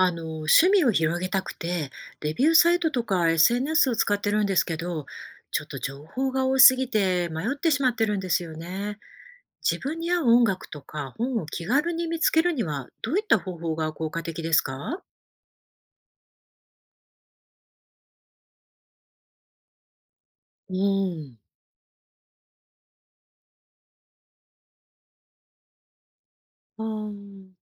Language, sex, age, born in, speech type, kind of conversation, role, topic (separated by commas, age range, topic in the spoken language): Japanese, female, 50-54, Japan, read, expert-assistant, asker, 音楽や本など、自分に合った新しいエンタメを見つけるには、どんな方法や専門家が役立ちますか？